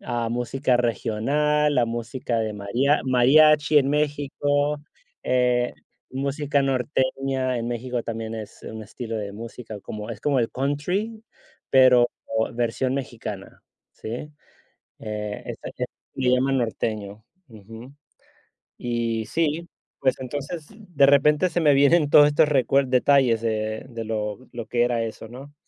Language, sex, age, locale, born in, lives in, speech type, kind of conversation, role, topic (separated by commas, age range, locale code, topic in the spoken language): Spanish, male, 35-39, es-US, United States, Spain, conversation, unstructured, none, ¿Cuál es tu recuerdo más feliz de la infancia?